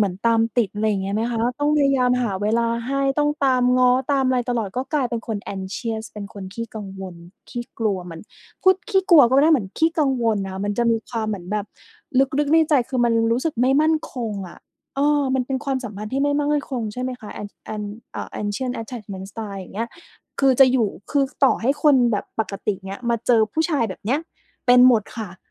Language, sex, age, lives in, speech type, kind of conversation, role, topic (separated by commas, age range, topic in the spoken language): Thai, female, 30-34, Thailand, conversation, podcast, host, ทำอย่างไรให้การพูดคุยไม่วนกลับไปเป็นวงจรทะเลาะเรื่องเดิม ๆ?